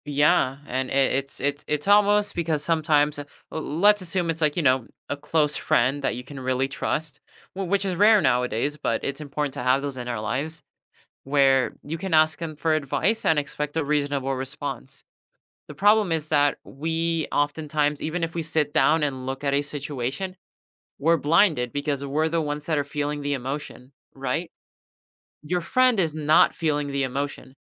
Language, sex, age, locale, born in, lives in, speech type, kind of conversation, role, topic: English, male, 20-24, en-US, United States, United States, conversation, unstructured, none, What is one way your approach to learning has changed over time?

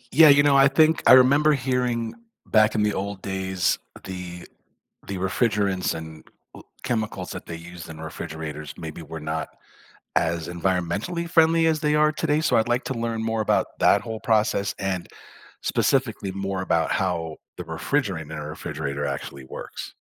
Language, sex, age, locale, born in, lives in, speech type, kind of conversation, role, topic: English, male, 55-59, en-US, United States, United States, read, expert-assistant, asker, How does a refrigerator keep food cold and fresh?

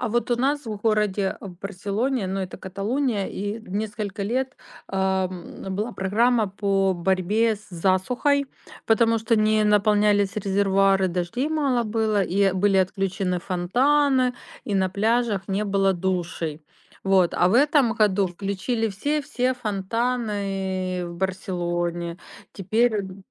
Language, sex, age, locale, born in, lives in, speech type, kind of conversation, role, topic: Russian, female, 45-49, ru-RU, Ukraine, Spain, conversation, unstructured, none, Что тебе больше всего нравится в твоём городе?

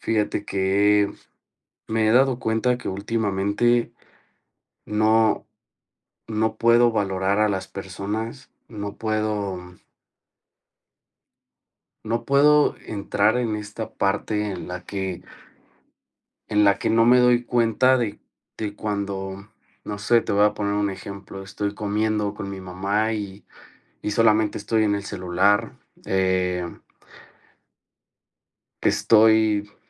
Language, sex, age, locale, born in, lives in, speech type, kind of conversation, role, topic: Spanish, male, 30-34, es-MX, Mexico, Mexico, conversation, advice, user, ¿Cómo puedo aclarar cuáles son mis valores personales?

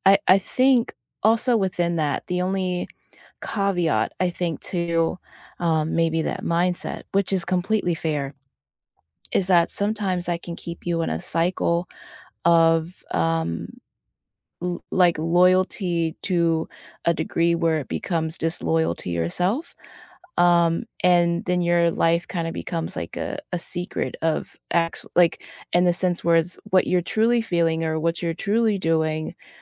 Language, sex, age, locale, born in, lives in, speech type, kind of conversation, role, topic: English, female, 30-34, en-US, United States, United States, conversation, unstructured, none, Do white lies preserve relationships more effectively than always insisting on brutal honesty?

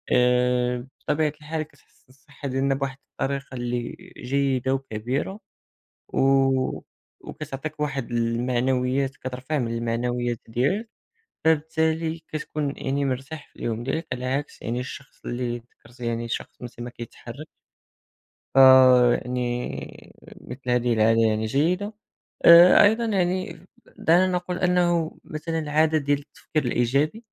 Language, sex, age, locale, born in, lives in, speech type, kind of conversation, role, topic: Arabic, male, 18-19, ar-MA, Morocco, France, conversation, unstructured, none, إزاي العادات اليومية بتأثر على مستقبلك؟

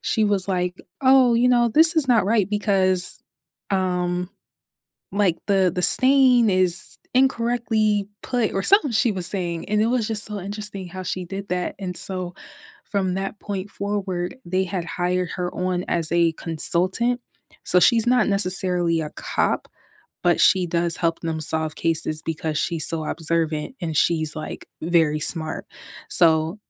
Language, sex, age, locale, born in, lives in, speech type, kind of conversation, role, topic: English, female, 25-29, en-US, United States, United States, conversation, unstructured, none, What was the last show you binge-watched, and what hooked you?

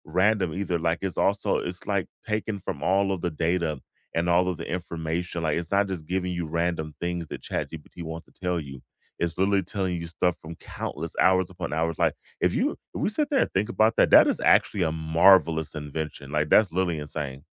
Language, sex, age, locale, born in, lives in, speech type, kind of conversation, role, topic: English, male, 35-39, en-US, United States, United States, conversation, unstructured, none, Which classroom technology tools and apps have genuinely made teaching or learning easier for you, and how?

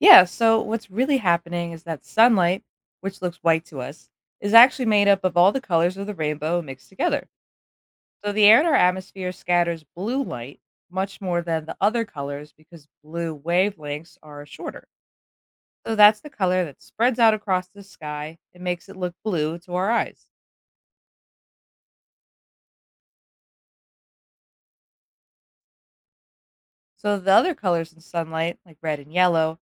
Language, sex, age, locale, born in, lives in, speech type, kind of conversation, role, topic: English, female, 25-29, en-US, United States, United States, read, expert-assistant, expert, What makes the sky look blue during the day?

